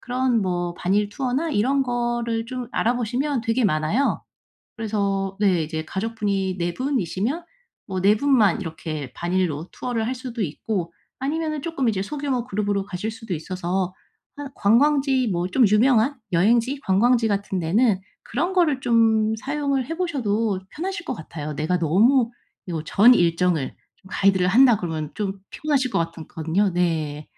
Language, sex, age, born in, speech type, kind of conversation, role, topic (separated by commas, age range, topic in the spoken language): Korean, female, 35-39, South Korea, conversation, advice, advisor, 여행 중 예상치 못한 문제가 생기면 어떻게 대처해야 하나요?